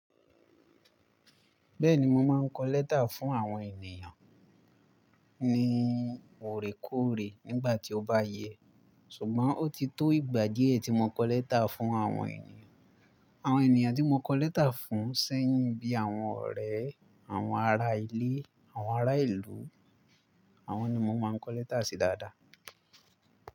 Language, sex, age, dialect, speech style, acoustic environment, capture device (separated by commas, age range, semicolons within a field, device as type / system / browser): Yoruba, male, 18-24, Nigeria - Ibadan, relaxed; focused, nature, mobile / Linux / Chrome